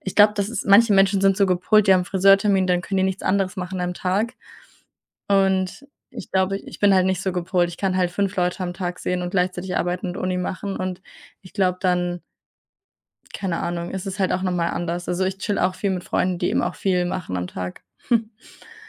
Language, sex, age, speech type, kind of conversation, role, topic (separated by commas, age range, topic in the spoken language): German, female, 20-24, conversation, unstructured, none, Was macht für dich eine gute Freundschaft aus?